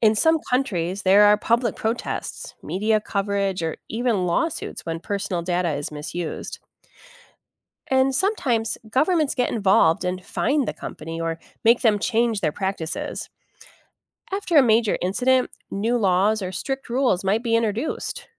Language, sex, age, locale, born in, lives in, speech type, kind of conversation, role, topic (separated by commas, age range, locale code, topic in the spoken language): English, female, 45-49, en-US, United States, United States, read, expert-assistant, expert, How do various societies approach the concept of privacy and transparency?